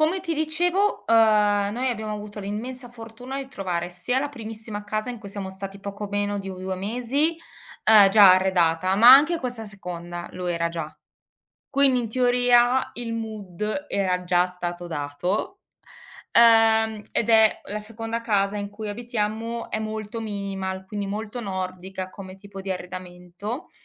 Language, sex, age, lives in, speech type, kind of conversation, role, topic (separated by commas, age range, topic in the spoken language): Italian, female, 40-44, Germany, conversation, podcast, guest, Cosa significa per te sentirti a casa lontano dalla patria?